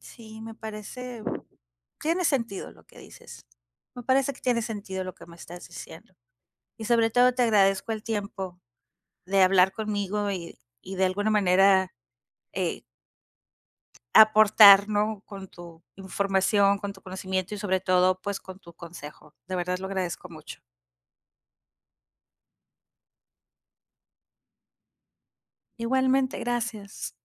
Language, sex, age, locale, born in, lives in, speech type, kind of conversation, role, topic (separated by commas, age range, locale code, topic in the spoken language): Spanish, female, 45-49, es-MX, Mexico, Mexico, conversation, advice, user, ¿Qué puedo hacer si estoy dudando de mi propia resiliencia ante cambios importantes en mi vida?